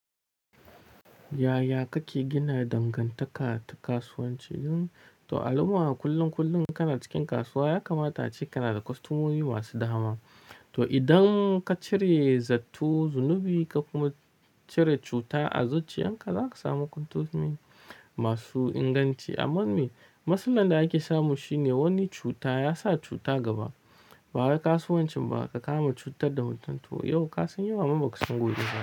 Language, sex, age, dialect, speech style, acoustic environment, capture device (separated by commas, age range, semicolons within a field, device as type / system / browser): Hausa, male, 25-34, Nigeria - Nigerian Standard, relaxed; happy; tired; anxious, nature; silence, mobile / Linux / Chrome